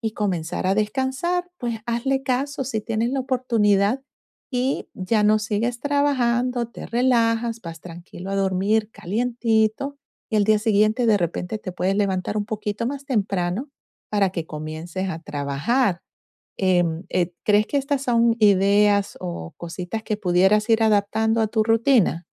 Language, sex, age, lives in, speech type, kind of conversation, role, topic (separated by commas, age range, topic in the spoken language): Spanish, female, 45-49, United States, conversation, advice, advisor, ¿Cómo puedo adaptar mi rutina cuando cambian las estaciones?